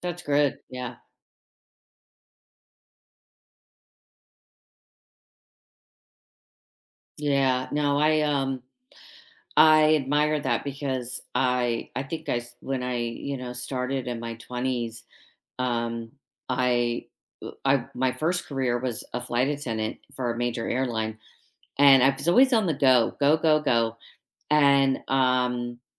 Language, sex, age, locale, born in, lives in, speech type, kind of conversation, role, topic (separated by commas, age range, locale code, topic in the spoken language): English, female, 50-54, en-US, United States, United States, conversation, unstructured, none, Which tiny daily routine has noticeably improved your day, and what’s the story behind it?